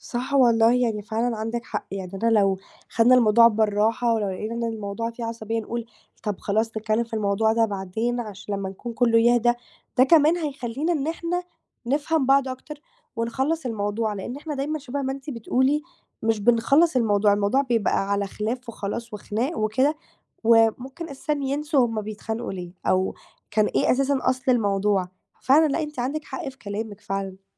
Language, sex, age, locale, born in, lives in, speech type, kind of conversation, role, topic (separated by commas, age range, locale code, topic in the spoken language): Arabic, female, 18-19, ar-EG, Egypt, Greece, conversation, advice, user, إيه هي الخلافات اللي بتتكرر عندكم في المناسبات العائلية والإجازات؟